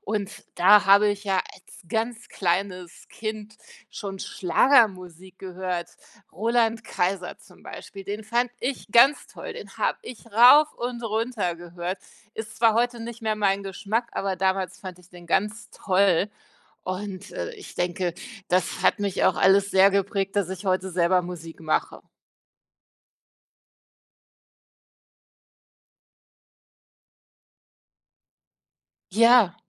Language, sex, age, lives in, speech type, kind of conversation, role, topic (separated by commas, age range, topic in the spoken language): German, female, 45-49, Germany, conversation, podcast, guest, Wie bist du zur Musik gekommen?